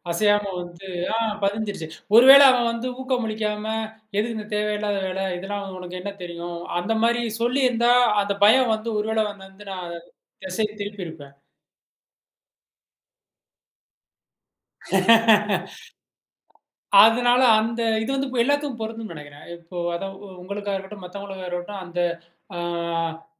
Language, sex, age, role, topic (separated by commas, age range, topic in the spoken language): Tamil, male, 35-39, guest, உங்கள் படைப்புக்கு ஊக்கம் எங்கிருந்து வருகிறது?